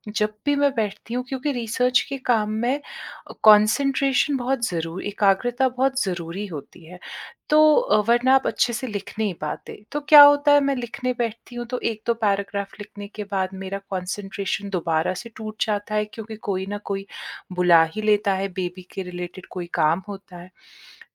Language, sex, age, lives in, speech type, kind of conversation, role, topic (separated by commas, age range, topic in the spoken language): Hindi, female, 30-34, India, conversation, advice, user, लंबे समय तक गहरी एकाग्रता बनाए रखना मेरे लिए मुश्किल क्यों होता है?